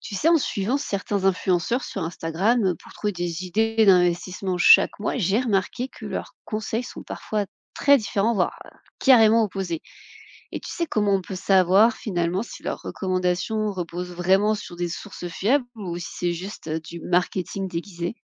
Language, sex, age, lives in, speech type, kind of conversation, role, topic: French, female, 30-34, France, read, expert-assistant, asker, Comment reconnaître des conseils d’investissement fiables sur les réseaux sociaux ?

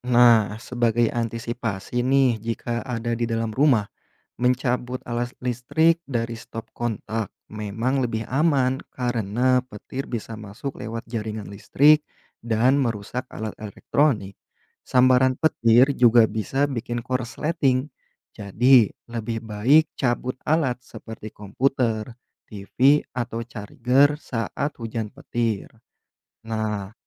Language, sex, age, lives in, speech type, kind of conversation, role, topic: Indonesian, male, 30-34, Indonesia, read, expert-assistant, expert, Mengapa suara petir terdengar setelah kilat?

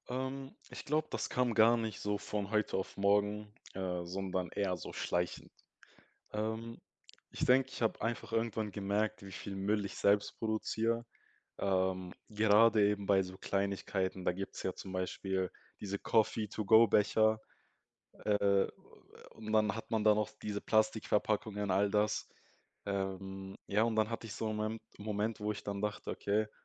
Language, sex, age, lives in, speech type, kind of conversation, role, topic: German, male, 20-24, Germany, conversation, podcast, guest, Wie können wir auf einfache Weise dafür sorgen, dass weniger Müll in der Natur zurückbleibt?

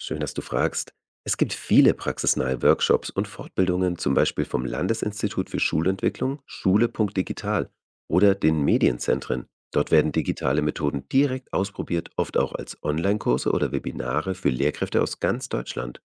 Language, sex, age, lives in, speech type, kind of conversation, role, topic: German, male, 35-39, Germany, read, expert-assistant, expert, Welche Zeitungen, Podcasts oder Sendungen helfen dabei, Bildungspolitik besser zu verstehen?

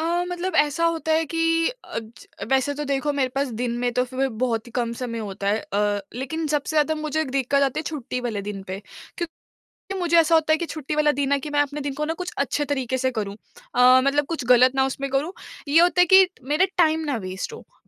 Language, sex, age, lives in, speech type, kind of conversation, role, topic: Hindi, female, 20-24, India, conversation, advice, user, मैं मनोरंजन के लिए क्या देखूँ या सुनूँ, यह तय कैसे करूँ?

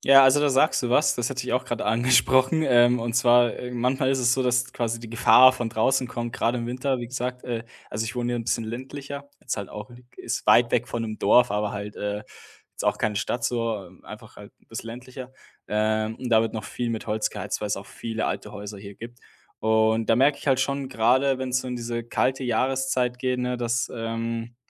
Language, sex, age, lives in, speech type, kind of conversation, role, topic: German, male, 25-29, Germany, conversation, podcast, guest, Wie kannst du deine Schlafumgebung optimal einstellen?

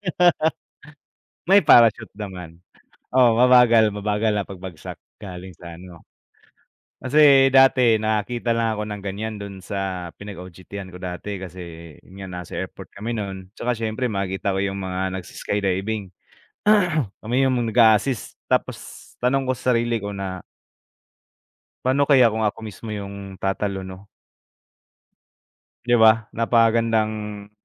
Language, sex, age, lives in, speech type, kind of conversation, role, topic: Filipino, male, 25-29, Philippines, conversation, unstructured, none, Saan mo gustong magbakasyon kung wala kang limitasyon sa badyet?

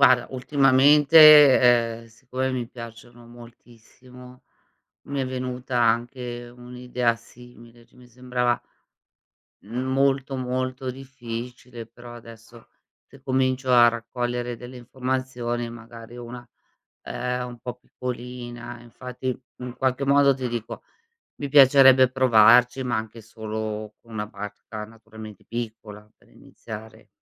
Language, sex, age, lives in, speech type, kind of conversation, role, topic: Italian, female, 55-59, Italy, read, expert-assistant, asker, Come si realizza una barca a vela?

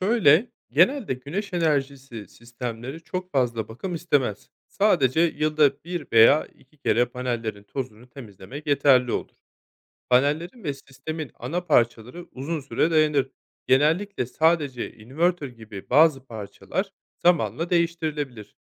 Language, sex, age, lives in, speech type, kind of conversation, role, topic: Turkish, male, 30-34, Spain, read, expert-assistant, expert, Güneş enerjisinin kullanım alanları nelerdir?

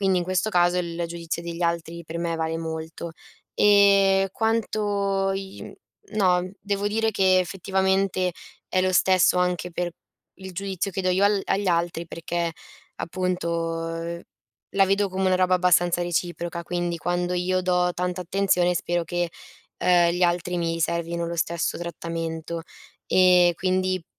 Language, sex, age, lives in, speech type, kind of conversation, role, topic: Italian, female, 20-24, Italy, conversation, advice, user, Come posso restare me stesso quando i miei amici vogliono che cambi?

